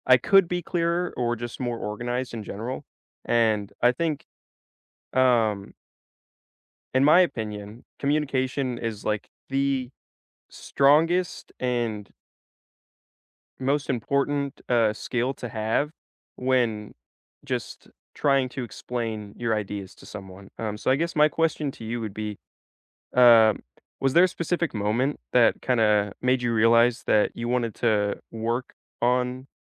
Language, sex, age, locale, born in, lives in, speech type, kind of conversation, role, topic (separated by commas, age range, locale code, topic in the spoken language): English, male, 18-19, en-US, United States, United States, conversation, unstructured, none, What work skill are you focusing on developing this year, and what inspired you to choose it?